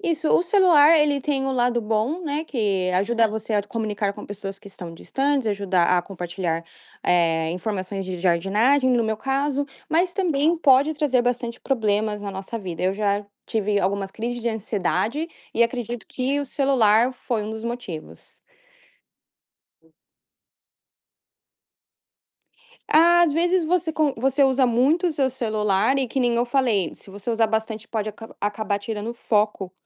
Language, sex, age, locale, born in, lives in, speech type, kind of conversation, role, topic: Portuguese, female, 20-24, pt-BR, Brazil, United States, conversation, podcast, guest, Como o celular entrou na sua rotina diária?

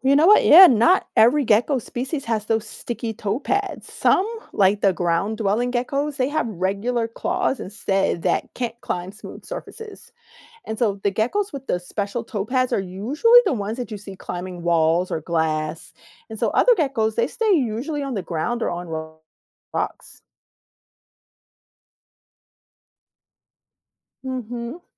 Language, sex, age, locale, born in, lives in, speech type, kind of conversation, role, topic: English, female, 45-49, en-US, United States, United States, read, expert-assistant, expert, How do geckos walk on walls and ceilings?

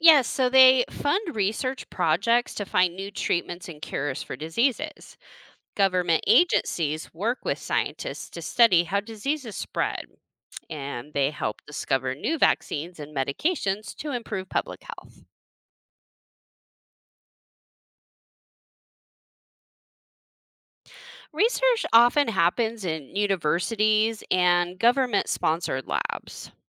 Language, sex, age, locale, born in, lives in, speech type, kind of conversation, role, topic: English, female, 40-44, en-US, United States, United States, read, expert-assistant, expert, What is the role of government in public health?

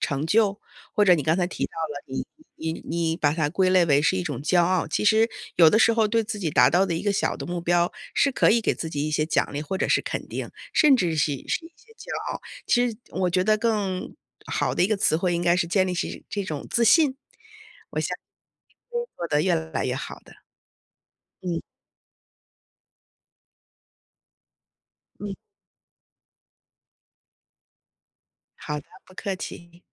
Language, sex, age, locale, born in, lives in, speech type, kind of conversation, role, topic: Chinese, female, 45-49, zh-CN, China, United States, conversation, advice, advisor, 我被完美主义拖累，怎么用小步骤养成好习惯？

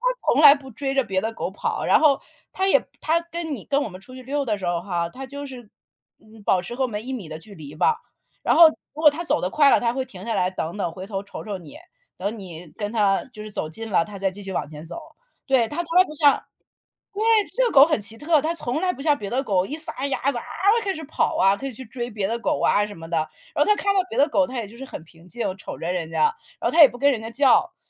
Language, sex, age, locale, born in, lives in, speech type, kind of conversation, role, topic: Chinese, female, 40-44, zh-CN, China, United States, conversation, podcast, guest, 你能分享一下你的养宠物经验吗？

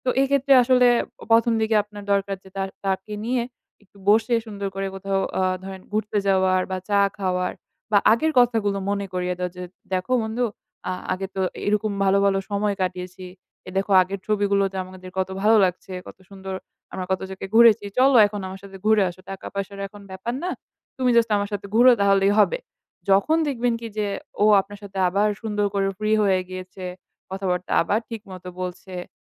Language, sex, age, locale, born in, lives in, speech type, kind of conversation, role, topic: Bengali, female, 20-24, bn-BD, Bangladesh, Bangladesh, conversation, advice, advisor, বন্ধুকে টাকা ধার দেওয়ার পর সম্পর্ক জটিল হয়ে পড়লে কী করবেন?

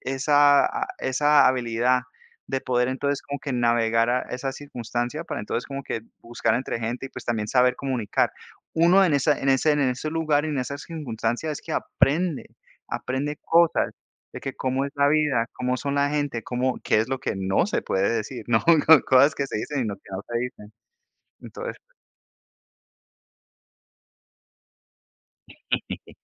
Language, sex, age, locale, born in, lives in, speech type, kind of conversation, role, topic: Spanish, male, 30-34, es-CO, United States, United States, conversation, unstructured, none, ¿Cómo te imaginas la vida sin internet?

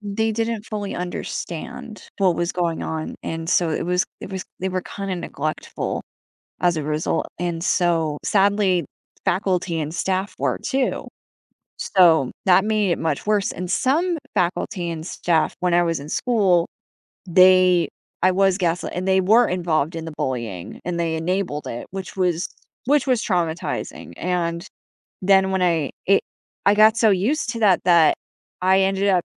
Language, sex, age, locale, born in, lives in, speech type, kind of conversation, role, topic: English, female, 25-29, en-US, United States, United States, conversation, advice, user, How do I decide whether to forgive someone who hurt me?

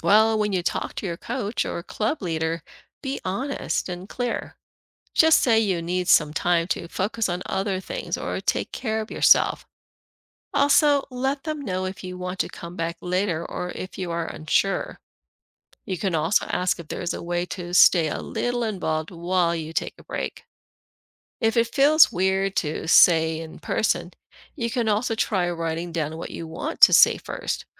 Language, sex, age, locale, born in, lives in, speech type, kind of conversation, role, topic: English, female, 50-54, en-US, Philippines, United States, read, expert-assistant, expert, How can students balance extracurricular activities with their academic responsibilities?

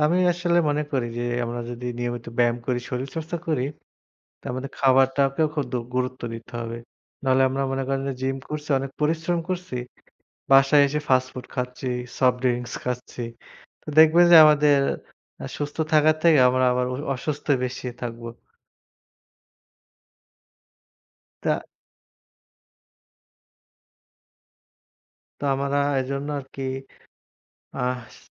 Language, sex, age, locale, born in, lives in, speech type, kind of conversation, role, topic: Bengali, male, 25-29, bn-BD, Bangladesh, Bangladesh, conversation, unstructured, none, আপনি কি মনে করেন সবার নিয়মিত ব্যায়াম করা উচিত, আর শরীরচর্চা না করলে জীবনে কী কী সমস্যা হতে পারে?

